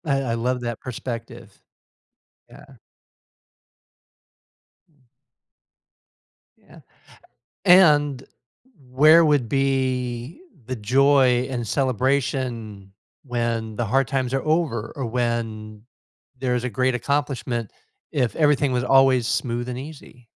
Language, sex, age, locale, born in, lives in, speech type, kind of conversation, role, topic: English, male, 55-59, en-US, United States, United States, conversation, podcast, host, What helps you maintain hope and resilience when life gets difficult?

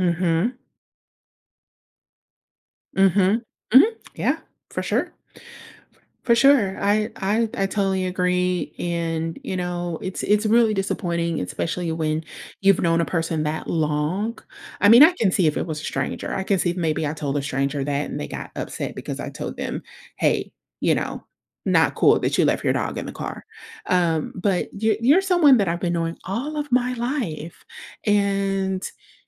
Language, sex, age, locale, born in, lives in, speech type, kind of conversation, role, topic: English, female, 30-34, en-US, United States, United States, conversation, advice, user, How can I resolve a disagreement with my close friend?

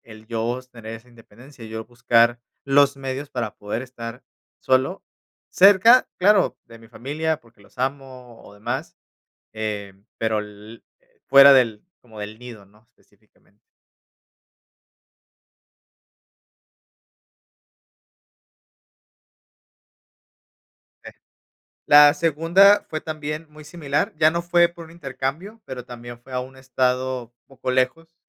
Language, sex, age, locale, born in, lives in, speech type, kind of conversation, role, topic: Spanish, male, 30-34, es-MX, Mexico, Mexico, conversation, podcast, guest, ¿Cómo te afectó mudarte lejos de casa?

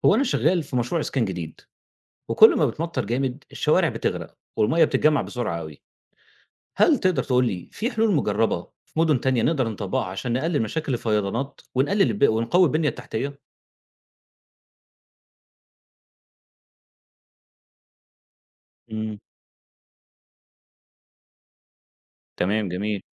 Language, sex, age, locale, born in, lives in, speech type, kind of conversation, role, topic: Arabic, male, 30-34, ar-EG, Egypt, Egypt, read, expert-assistant, asker, إزاي المدن تقدر تمنع الفيضانات وتتعامل مع مشاكل الصرف الصحي خصوصًا في المناطق الجديدة؟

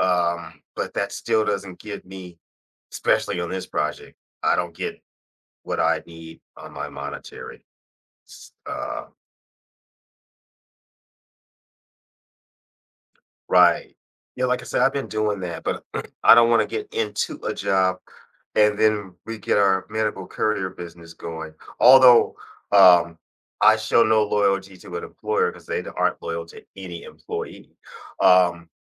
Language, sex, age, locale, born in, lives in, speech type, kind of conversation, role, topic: English, male, 55-59, en-US, United States, United States, conversation, advice, user, How can I balance work and personal time?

